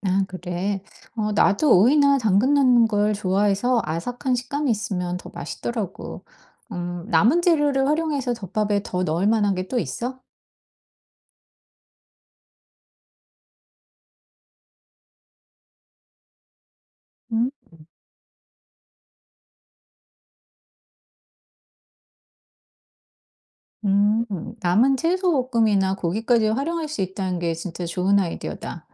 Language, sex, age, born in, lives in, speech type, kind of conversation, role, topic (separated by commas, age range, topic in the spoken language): Korean, female, 50-54, South Korea, United States, read, expert-assistant, asker, 여행이나 캠핑을 갈 때 음식이 상하지 않도록 미리 어떤 계획을 세우면 좋을까요?